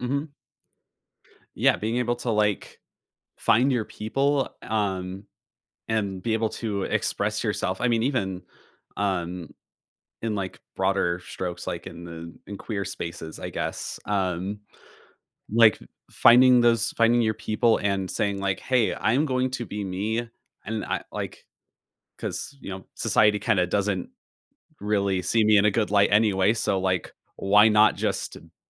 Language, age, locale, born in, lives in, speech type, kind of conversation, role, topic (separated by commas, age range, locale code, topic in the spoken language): English, 20-24, en-US, United States, United States, conversation, podcast, guest, What experiences and influences shape who we become over time?